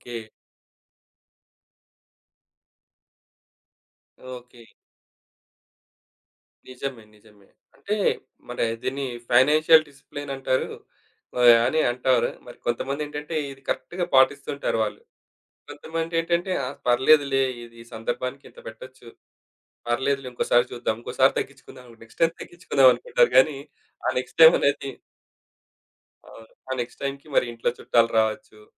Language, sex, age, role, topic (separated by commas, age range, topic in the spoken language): Telugu, male, 35-39, host, డిజిటల్ చెల్లింపులు మీ ఖర్చు అలవాట్లను ఎలా మార్చాయి?